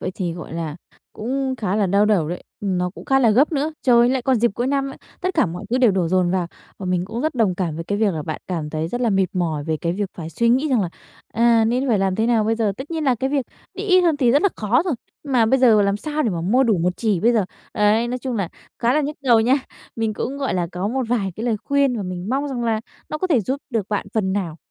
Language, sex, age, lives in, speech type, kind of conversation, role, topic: Vietnamese, female, 20-24, Vietnam, conversation, advice, advisor, Bạn nên xoay xở ngân sách như thế nào khi phát sinh một khoản chi đột xuất lớn?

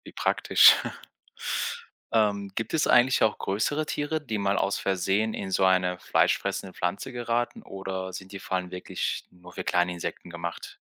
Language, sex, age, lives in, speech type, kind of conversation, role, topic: German, male, 45-49, Germany, read, expert-assistant, asker, Warum sind manche Pflanzen fleischfressend?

